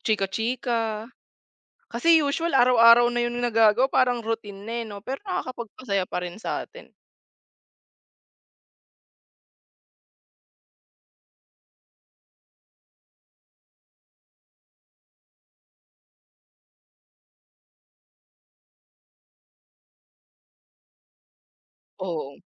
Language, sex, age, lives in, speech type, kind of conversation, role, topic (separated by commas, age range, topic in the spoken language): Filipino, female, 20-24, Philippines, conversation, unstructured, none, Ano ang mga simpleng bagay na nagpapasaya sa iyo sa opisina?